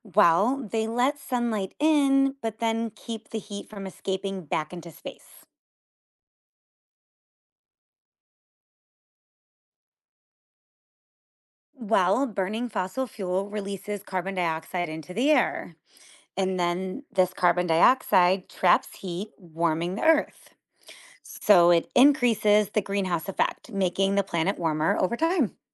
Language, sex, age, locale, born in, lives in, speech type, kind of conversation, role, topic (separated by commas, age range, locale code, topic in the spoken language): English, female, 35-39, en-US, United States, United States, read, expert-assistant, expert, What causes climate change?